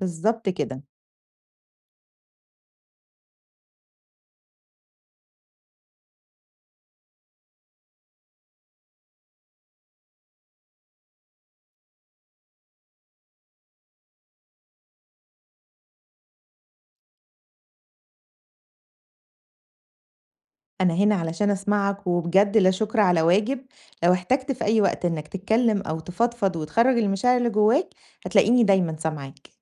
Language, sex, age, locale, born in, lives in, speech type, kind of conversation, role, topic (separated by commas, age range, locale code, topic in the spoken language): Arabic, female, 40-44, ar-EG, Egypt, Greece, conversation, advice, advisor, إزاي أتعامل مع صاحبي اللي طول الوقت بيحكيلي عن مشاكله من غير ما يسمعني؟